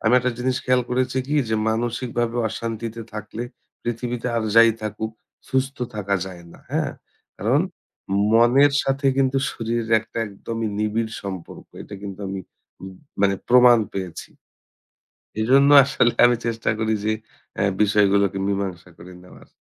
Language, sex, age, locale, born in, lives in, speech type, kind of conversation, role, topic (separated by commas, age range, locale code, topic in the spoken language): Bengali, male, 30-34, bn-BD, Bangladesh, Bangladesh, conversation, podcast, guest, তৎক্ষণাৎ শান্ত হওয়ার জন্য আপনার দ্রুত কৌশল কী?